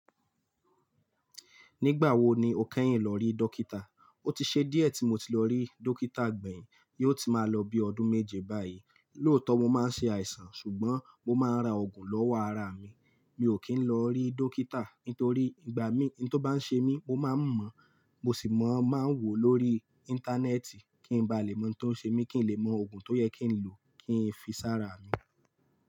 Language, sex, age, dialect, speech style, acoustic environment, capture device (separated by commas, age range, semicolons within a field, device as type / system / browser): Yoruba, male, 25-34, Nigeria - Lagos Yoruba, focused, silence; traffic, mobile / Linux / Chrome